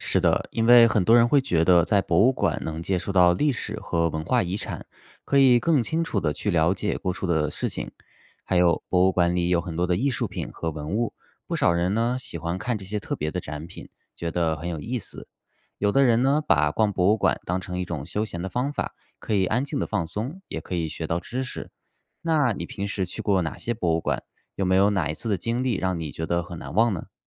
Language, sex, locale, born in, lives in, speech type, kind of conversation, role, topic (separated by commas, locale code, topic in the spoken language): Chinese, male, zh-CN, China, United States, read, expert-assistant, expert, 为什么有些人喜欢逛博物馆？